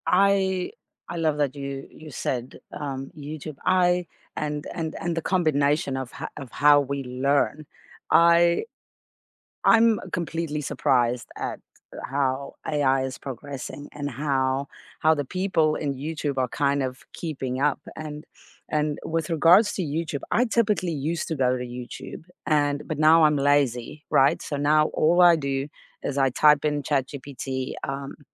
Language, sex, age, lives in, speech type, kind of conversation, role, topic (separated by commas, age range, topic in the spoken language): English, female, 35-39, United States, conversation, unstructured, none, What sparks your next learning adventure, and how do you decide where to dive in?